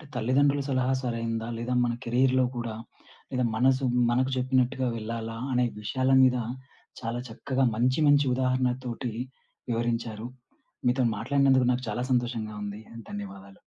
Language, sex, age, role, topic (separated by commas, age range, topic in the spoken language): Telugu, male, 30-34, host, తల్లిదండ్రుల సలహాను ఎప్పుడు పాటించాలి, ఎప్పుడు మనసు చెప్పినట్టు స్వంత నిర్ణయం తీసుకోవాలి?